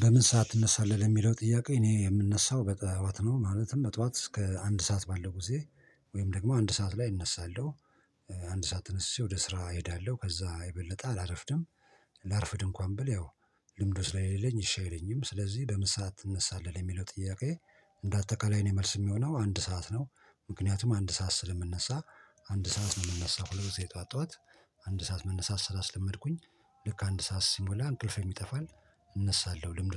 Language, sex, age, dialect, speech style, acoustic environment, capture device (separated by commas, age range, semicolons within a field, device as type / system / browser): Amharic, male, 45-54, Ethiopia - Addis Ababa, focused, silence, mobile / Linux / Chrome